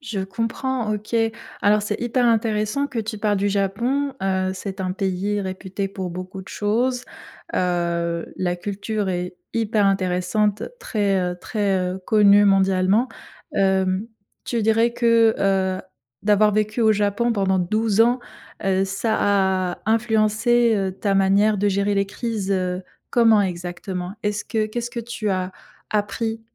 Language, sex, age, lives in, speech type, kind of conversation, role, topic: French, female, 25-29, Germany, conversation, podcast, host, Quelle méthode simple peux-tu utiliser tout de suite pour calmer ton esprit en urgence ?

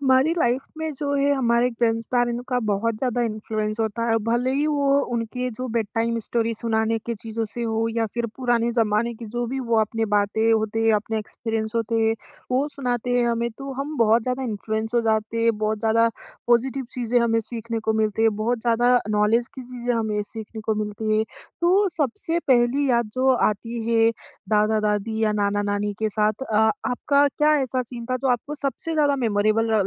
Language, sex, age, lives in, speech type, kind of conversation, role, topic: Hindi, female, 20-24, India, conversation, podcast, host, Grandparents ka influence tumhari upbringing mein kitna tha?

